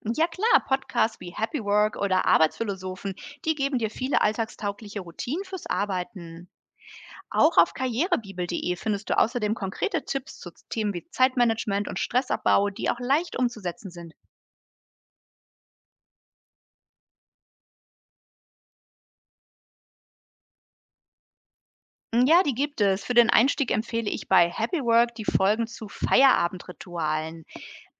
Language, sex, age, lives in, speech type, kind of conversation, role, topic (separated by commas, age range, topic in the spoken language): German, female, 45-49, Germany, read, expert-assistant, expert, Welche Podcasts oder Webseiten helfen dabei, eigene Arbeitsgewohnheiten zu verbessern?